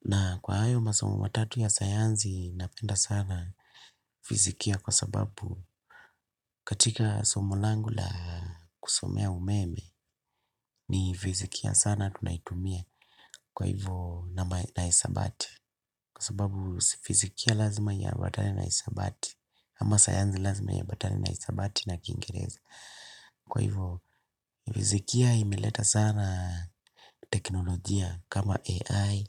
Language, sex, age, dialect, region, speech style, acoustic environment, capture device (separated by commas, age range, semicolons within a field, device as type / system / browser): Swahili, male, 25-34, Kenya - Nairobi Sheng-influenced, Kenya, happy; relaxed; excited, silence; nature, desktop / Linux / Chrome